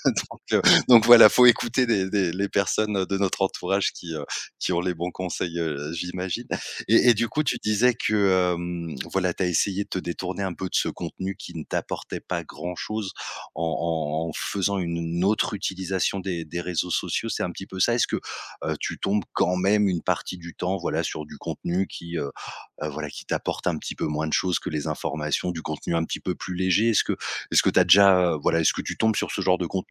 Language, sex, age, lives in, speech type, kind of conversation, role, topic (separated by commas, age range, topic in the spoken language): French, male, 30-34, France, conversation, podcast, host, Comment éviter de faire défiler son téléphone sans but ?